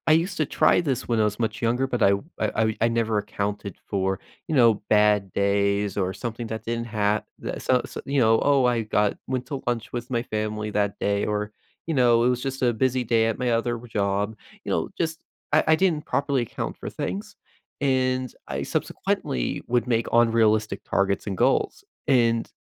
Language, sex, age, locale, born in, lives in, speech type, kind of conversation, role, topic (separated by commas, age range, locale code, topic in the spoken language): English, male, 20-24, en-US, United States, United States, conversation, podcast, guest, What helps people keep going when working toward long-term goals?